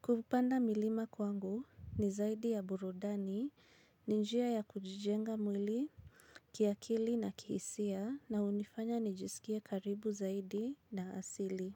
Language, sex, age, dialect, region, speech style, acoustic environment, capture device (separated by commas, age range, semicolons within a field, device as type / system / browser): Swahili, female, 25-34, Kenya - Nairobi Sheng-influenced, Kenya, excited, silence; appliances, mobile / Linux / Chrome